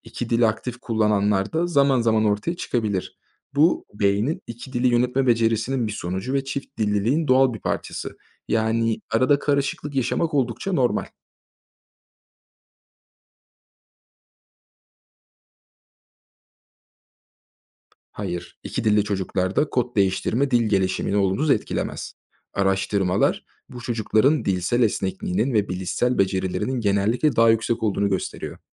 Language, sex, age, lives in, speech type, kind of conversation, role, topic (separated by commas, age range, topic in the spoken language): Turkish, male, 25-29, Germany, read, expert-assistant, expert, Neden bazı insanlar yeni öğrendikleri bir dili karıştırarak konuşuyor?